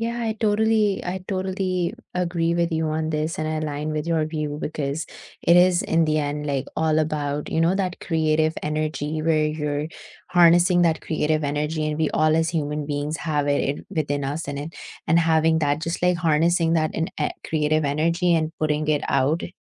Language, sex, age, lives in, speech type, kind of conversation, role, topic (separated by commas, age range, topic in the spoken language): English, female, 25-29, United States, conversation, unstructured, none, What role does art play in everyday life?